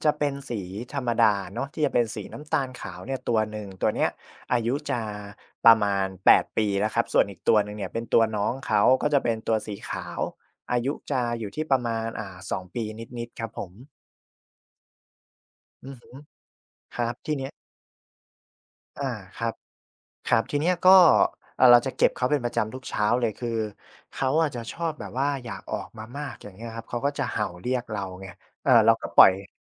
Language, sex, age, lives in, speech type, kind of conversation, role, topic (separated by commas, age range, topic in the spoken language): Thai, male, 25-29, Thailand, conversation, podcast, guest, กิจวัตรตอนเช้า